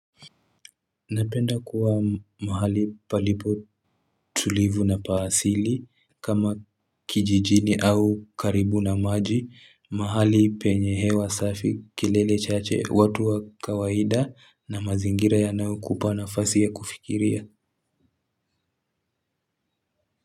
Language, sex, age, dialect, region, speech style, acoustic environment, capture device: Swahili, male, 18-24, Kenya - Nairobi Sheng-influenced, Kenya, happy, people talking, mobile / Linux / Chrome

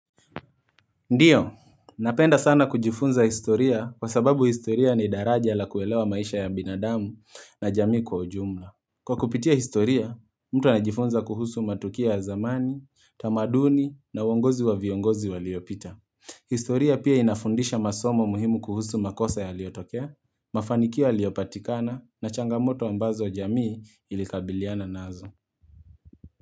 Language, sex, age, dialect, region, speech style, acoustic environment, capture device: Swahili, male, 25-34, Kenya - Nairobi Sheng-influenced, Kenya, focused; relaxed, nature; appliances, mobile / Linux / Chrome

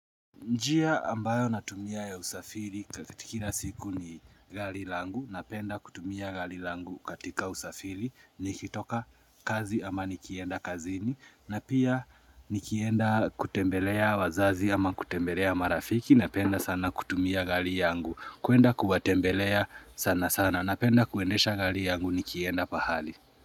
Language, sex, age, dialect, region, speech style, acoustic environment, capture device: Swahili, male, 25-34, Kenya - Nairobi Sheng-influenced, Kenya, happy; nervous, nature, mobile / Linux / Chrome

